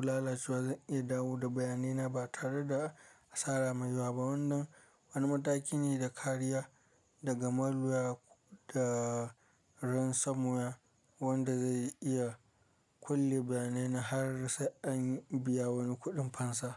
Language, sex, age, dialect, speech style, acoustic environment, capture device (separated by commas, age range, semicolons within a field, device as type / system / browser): Hausa, male, 25-34, Nigeria - Kano, focused, silence, mobile / Linux / Chrome